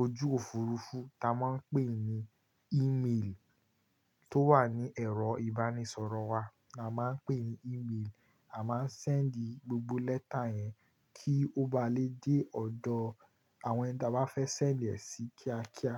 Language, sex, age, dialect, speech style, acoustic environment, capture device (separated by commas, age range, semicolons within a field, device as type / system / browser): Yoruba, male, 18-24, Nigeria - Kano, happy, nature, mobile / Linux / Chrome